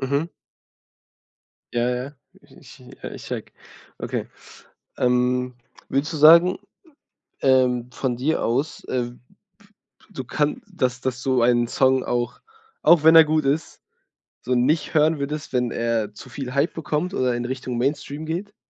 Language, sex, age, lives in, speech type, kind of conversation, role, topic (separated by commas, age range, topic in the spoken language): German, male, 20-24, Germany, conversation, podcast, host, Wie bringst du unterschiedliche Musikgeschmäcker unter einen Hut?